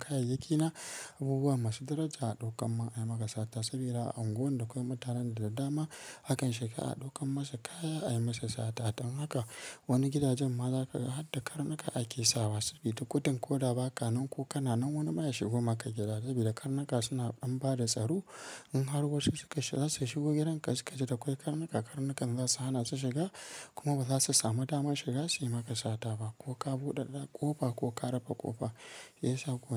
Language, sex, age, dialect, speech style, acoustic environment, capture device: Hausa, female, 25-34, Nigeria - Kano, happy; excited, silence, mobile / Linux / Chrome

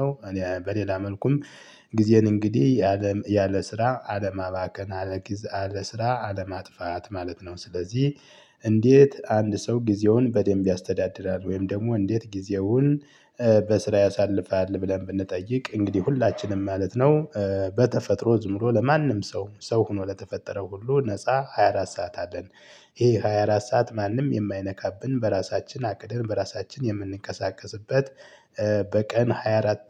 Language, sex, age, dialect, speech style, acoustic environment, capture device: Amharic, male, 35-44, Ethiopia - Gojjam, happy, people talking, mobile / Linux / Chrome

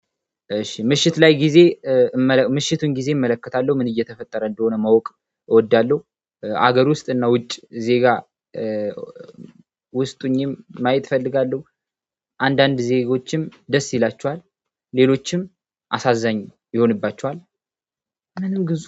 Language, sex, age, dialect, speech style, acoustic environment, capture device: Amharic, male, 18-24, Ethiopia - Addis Ababa, happy, silence, mobile / Android / Chrome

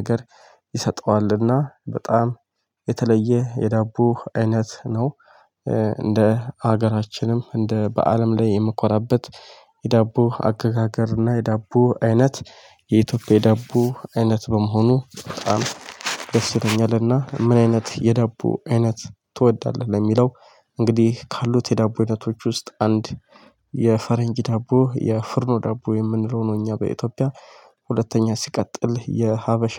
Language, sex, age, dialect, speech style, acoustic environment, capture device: Amharic, male, 25-34, Ethiopia - Addis Ababa, happy, nature, mobile / Linux / Chrome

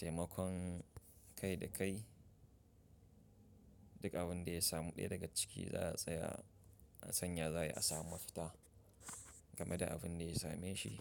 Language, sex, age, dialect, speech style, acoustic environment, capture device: Hausa, male, 25-34, Nigeria - Nigerian Standard, happy; focused, silence, mobile / Linux / Chrome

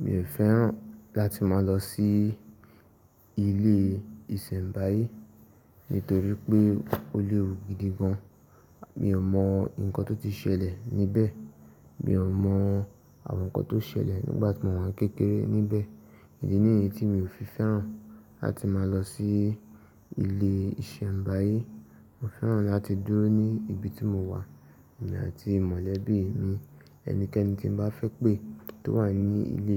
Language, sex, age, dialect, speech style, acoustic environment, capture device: Yoruba, male, 18-24, Nigeria - Ibadan, relaxed, nature; silence, mobile / Linux / Chrome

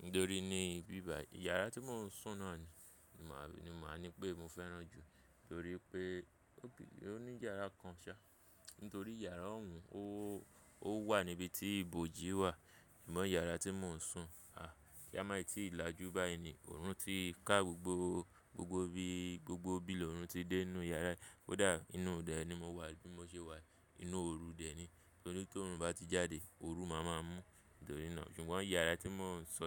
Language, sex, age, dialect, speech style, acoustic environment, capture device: Yoruba, male, 25-34, Nigeria - Lagos Yoruba, focused, traffic; people talking, mobile / Linux / Chrome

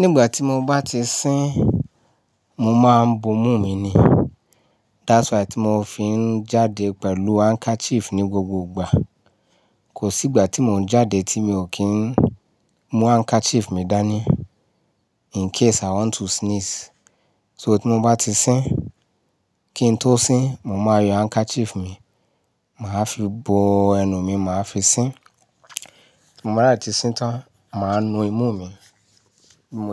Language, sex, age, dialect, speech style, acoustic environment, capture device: Yoruba, male, 35-44, Nigeria - Ibadan, relaxed; happy, nature; silence, mobile / Linux / Chrome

